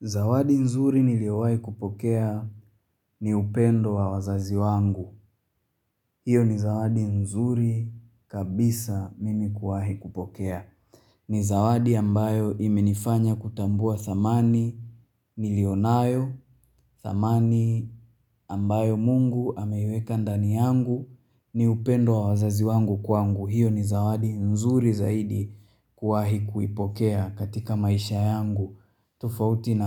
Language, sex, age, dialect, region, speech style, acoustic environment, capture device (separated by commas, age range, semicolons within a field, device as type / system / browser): Swahili, male, 25-34, Tanzania - Dar es Salaam, Tanzania, happy; relaxed; excited; focused, silence, mobile / Linux / Chrome